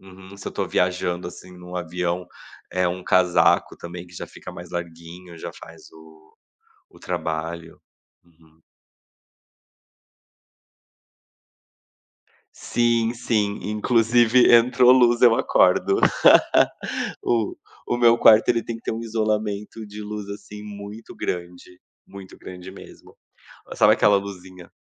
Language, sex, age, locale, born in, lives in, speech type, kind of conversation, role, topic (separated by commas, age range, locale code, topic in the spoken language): Portuguese, male, 30-34, pt-BR, Brazil, Portugal, conversation, podcast, guest, Como a iluminação influencia o seu bem-estar em casa?